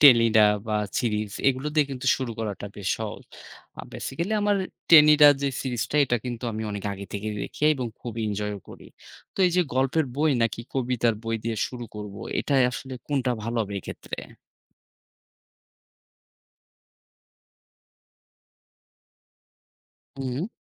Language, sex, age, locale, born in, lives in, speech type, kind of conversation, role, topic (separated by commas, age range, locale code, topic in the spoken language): Bengali, male, 30-34, bn-BD, Bangladesh, Bangladesh, read, expert-assistant, asker, একটি কর্মব্যস্ত জীবনে নিজের মাতৃভাষার চর্চা ও সংরক্ষণে কী ধরনের ছোট ছোট রুটিন বা অভ্যাস গড়ে তোলা যায়?